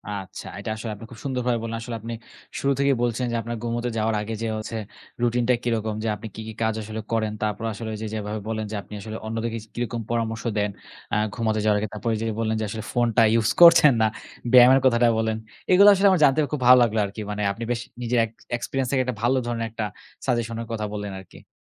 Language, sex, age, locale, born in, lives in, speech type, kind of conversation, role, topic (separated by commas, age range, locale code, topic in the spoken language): Bengali, male, 20-24, bn-BD, Bangladesh, Bangladesh, conversation, podcast, host, রাতে ঘুমোতে যাওয়ার আগে তোমার রুটিন কী?